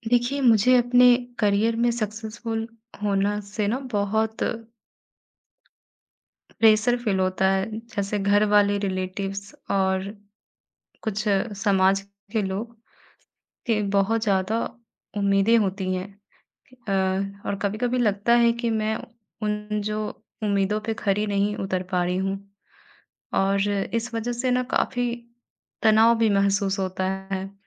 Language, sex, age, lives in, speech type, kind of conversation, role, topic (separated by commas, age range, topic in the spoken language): Hindi, female, 20-24, India, conversation, advice, user, आप अपने करियर में सफलता को लेकर सामाजिक अपेक्षाओं के दबाव का अनुभव कैसे कर रहे हैं?